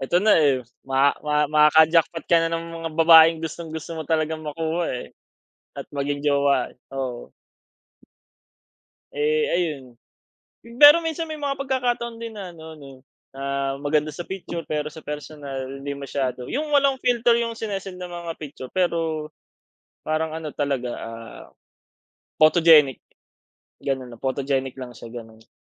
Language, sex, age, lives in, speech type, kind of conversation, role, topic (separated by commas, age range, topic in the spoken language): Filipino, male, 25-29, Philippines, conversation, unstructured, none, May nakilala ka na bang tao dahil sa teknolohiya, at paano nangyari iyon?